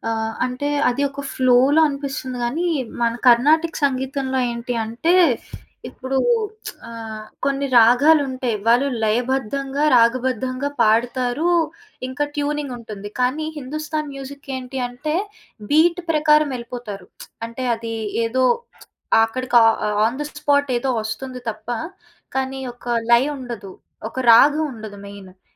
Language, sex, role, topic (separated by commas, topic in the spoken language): Telugu, female, guest, సహకారం మీ కళను ఏ విధంగా మార్చింది?